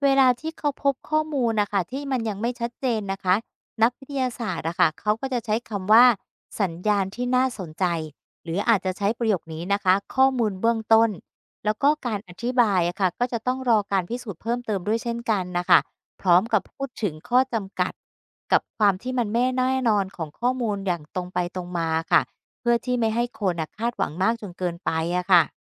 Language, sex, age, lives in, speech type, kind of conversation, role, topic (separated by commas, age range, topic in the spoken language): Thai, female, 50-54, Thailand, read, expert-assistant, expert, 'เขตเอื้ออาศัย' (habitable zone) ในวิทยาศาสตร์ดาวเคราะห์คืออะไร? ทำไมแนวคิดนี้ถึงสำคัญต่อการค้นหาชีวิตนอกโลก?